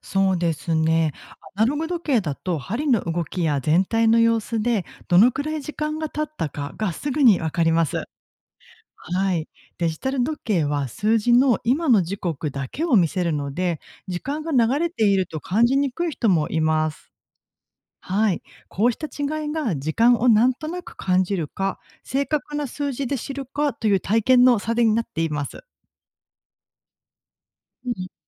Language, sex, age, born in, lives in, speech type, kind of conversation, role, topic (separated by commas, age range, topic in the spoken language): Japanese, female, 40-44, Japan, Japan, read, expert-assistant, expert, どうして時計の針は右回りなのですか？